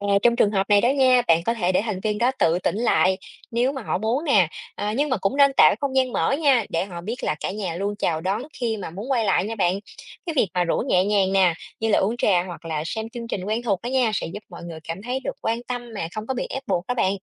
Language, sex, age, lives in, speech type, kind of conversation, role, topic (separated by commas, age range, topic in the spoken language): Vietnamese, female, 30-34, Vietnam, read, expert-assistant, expert, Nếu gia đình bạn có thành viên đến từ nhiều nền văn hóa khác nhau, bạn nghĩ văn hóa chung trong gia đình sẽ ra sao?